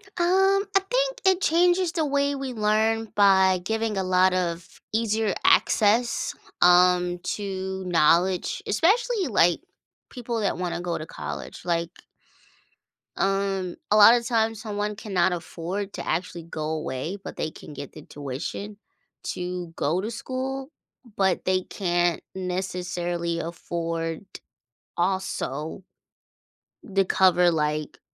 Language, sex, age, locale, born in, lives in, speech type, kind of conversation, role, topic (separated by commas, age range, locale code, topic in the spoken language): English, female, 30-34, en-US, United States, United States, conversation, podcast, guest, In what ways has technology transformed our approach to learning and education?